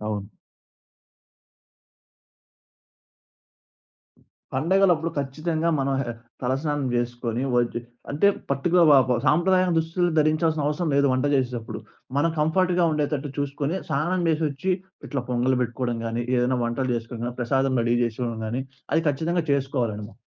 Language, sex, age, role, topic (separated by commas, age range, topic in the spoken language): Telugu, male, 20-24, guest, మీకు సంప్రదాయ దుస్తుల ప్రాముఖ్యత ఎంతగా అనిపిస్తుంది?